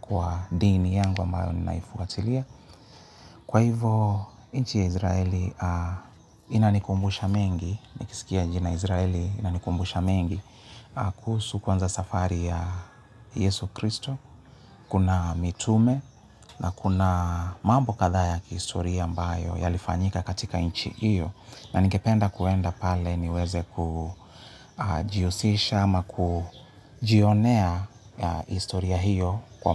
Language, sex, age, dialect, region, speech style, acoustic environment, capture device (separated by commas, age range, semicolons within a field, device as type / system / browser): Swahili, male, 35-44, Kenya - Nairobi Sheng-influenced, Kenya, happy; relaxed; focused; excited, people talking; music; appliances, mobile / Linux / Chrome